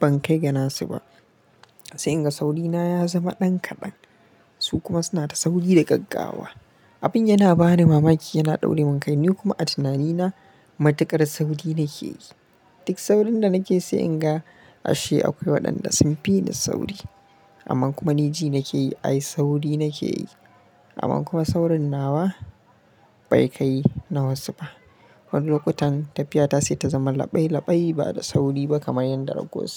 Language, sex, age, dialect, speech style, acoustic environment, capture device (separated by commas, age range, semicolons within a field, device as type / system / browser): Hausa, male, 25-34, Nigeria - Kano, happy, silence, mobile / Linux / Chrome